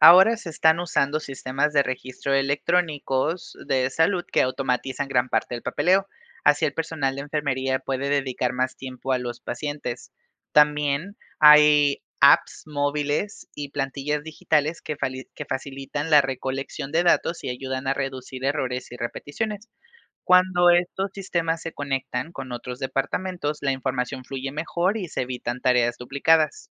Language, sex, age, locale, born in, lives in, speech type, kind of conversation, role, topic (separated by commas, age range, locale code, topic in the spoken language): Spanish, male, 25-29, es-MX, Mexico, Mexico, read, expert-assistant, expert, ¿Qué innovaciones podríamos implementar para hacer que el trabajo de las enfermeras sea menos estresante y más eficiente?